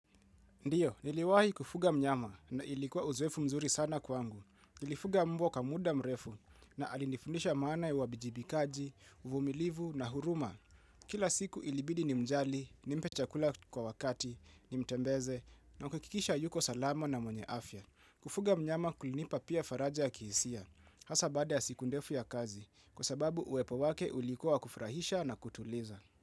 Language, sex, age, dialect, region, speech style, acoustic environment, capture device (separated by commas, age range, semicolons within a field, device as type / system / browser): Swahili, male, 25-34, Kenya - Nairobi Sheng-influenced, Kenya, relaxed; focused, people talking; music; silence; appliances, mobile / Linux / Chrome